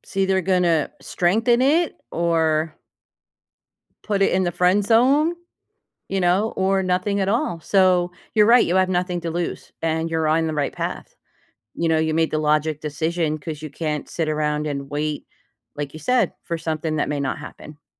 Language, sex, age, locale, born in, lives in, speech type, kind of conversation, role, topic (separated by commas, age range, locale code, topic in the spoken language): English, female, 55-59, en-US, United States, United States, conversation, advice, advisor, How can I start dating again confidently?